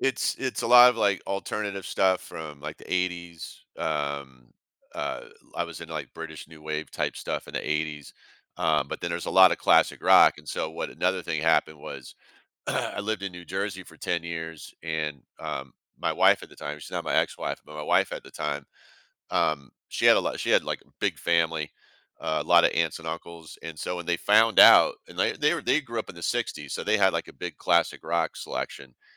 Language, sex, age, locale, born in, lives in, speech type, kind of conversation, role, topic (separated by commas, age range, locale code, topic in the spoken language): English, male, 55-59, en-US, United States, United States, conversation, podcast, guest, In what ways has your hobby made a positive impact on your well-being?